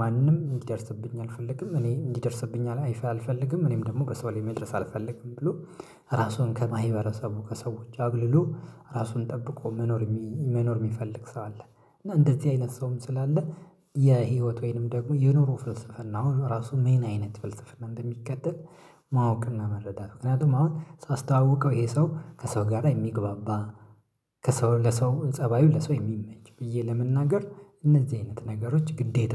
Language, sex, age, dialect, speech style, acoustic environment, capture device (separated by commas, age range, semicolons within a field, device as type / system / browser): Amharic, male, 25-34, Ethiopia - Addis Ababa, focused, silence, mobile / Linux / Chrome